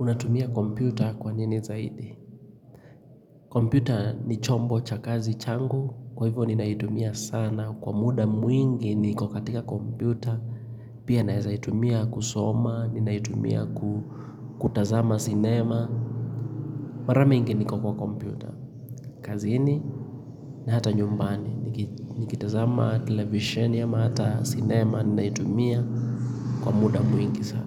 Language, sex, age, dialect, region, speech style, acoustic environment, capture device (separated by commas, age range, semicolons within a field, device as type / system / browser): Swahili, male, 25-34, Kenya - Nairobi Sheng-influenced, Kenya, happy; relaxed, silence, mobile / Linux / Chrome